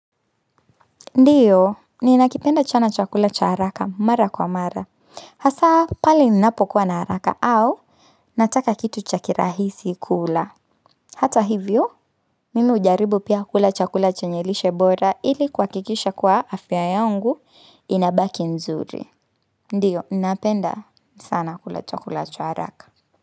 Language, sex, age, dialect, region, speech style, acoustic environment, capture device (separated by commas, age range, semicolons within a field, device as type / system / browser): Swahili, female, 25-34, Kenya - Nairobi Sheng-influenced, Kenya, happy; relaxed, nature; silence, mobile / Linux / Chrome